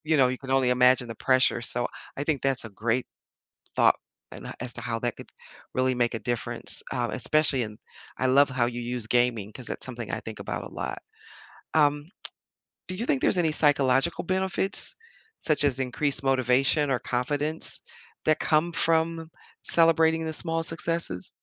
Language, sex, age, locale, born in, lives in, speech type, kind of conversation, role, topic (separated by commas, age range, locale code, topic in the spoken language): English, female, 60-64, en-US, United States, United States, conversation, podcast, host, Why is it important to acknowledge and celebrate small achievements in our lives?